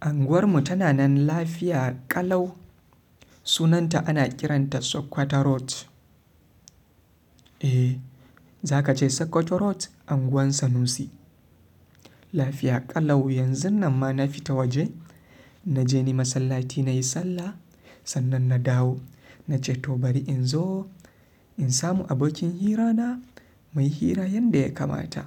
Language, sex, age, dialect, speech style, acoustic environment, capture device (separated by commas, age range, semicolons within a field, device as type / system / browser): Hausa, male, 25-34, Nigeria - Kano, happy; relaxed; focused, silence, mobile / Linux / Chrome